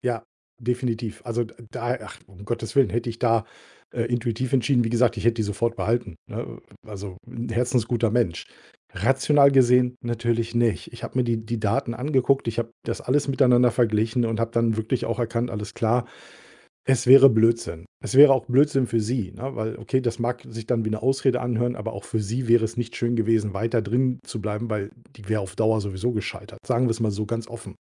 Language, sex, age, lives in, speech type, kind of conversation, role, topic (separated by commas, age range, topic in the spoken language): German, male, 45-49, Germany, conversation, podcast, guest, Wie triffst du schwierige Entscheidungen?